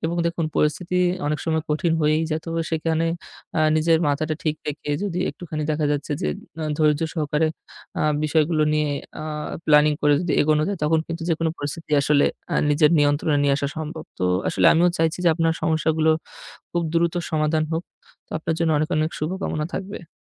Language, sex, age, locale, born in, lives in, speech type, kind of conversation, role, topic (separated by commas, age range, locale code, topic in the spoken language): Bengali, male, 25-29, bn-BD, Bangladesh, Bangladesh, conversation, advice, advisor, নতুন শহরে একাকীত্ব আর পরিচিতি গড়তে না পারার হতাশা আপনি কীভাবে সামলাচ্ছেন?